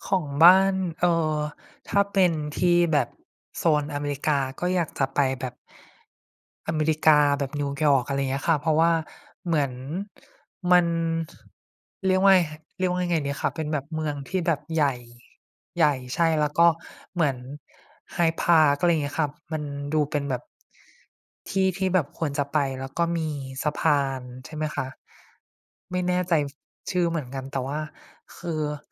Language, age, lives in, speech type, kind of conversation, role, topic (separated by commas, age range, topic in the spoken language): Thai, 25-29, Thailand, conversation, unstructured, none, สถานที่ในฝันที่คุณอยากไปพักผ่อนคือที่ไหน?